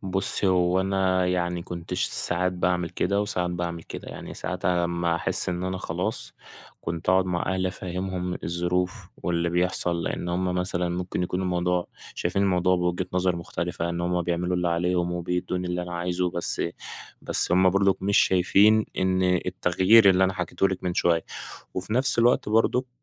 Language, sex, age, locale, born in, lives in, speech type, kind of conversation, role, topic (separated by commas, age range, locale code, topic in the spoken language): Arabic, male, 20-24, ar-EG, Egypt, Egypt, conversation, podcast, guest, إزاي بتشوف تأثير الضغط النفسي على أداء الطلبة؟